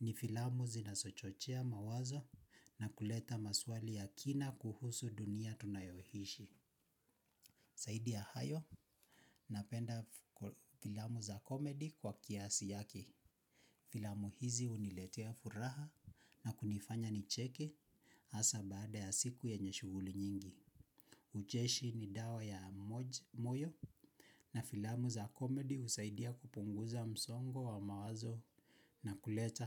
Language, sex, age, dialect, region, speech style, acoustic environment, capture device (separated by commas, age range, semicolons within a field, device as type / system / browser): Swahili, male, 25-34, Kenya - Nairobi Sheng-influenced, Kenya, relaxed; anxious, silence, mobile / Linux / Chrome